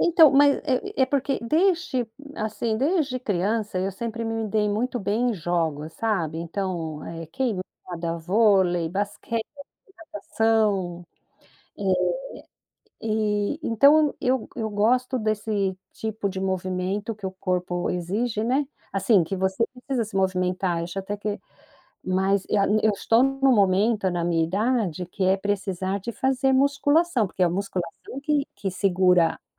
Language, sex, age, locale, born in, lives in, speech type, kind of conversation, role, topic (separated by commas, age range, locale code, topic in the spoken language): Portuguese, female, 55-59, pt-BR, Brazil, United States, conversation, podcast, host, Que exercícios você recomendaria para quem tem pouco tempo?